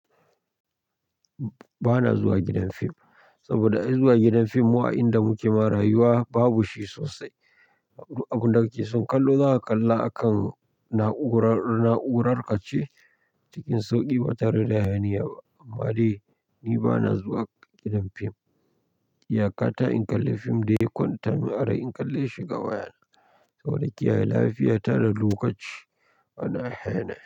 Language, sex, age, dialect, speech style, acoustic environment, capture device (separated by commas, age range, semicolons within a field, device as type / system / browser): Hausa, male, 25-34, Nigeria - Nigerian Standard, relaxed, people talking, mobile / Linux / Chrome